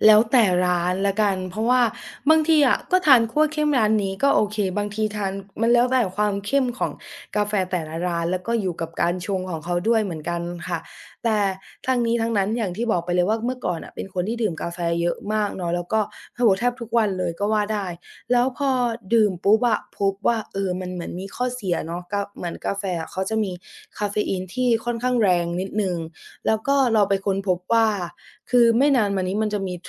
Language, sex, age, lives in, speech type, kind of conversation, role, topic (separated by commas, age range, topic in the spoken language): Thai, female, 20-24, Thailand, conversation, podcast, guest, คุณมีวิธีนอนหลับให้สนิทและพักผ่อนได้เต็มที่อย่างไรบ้าง?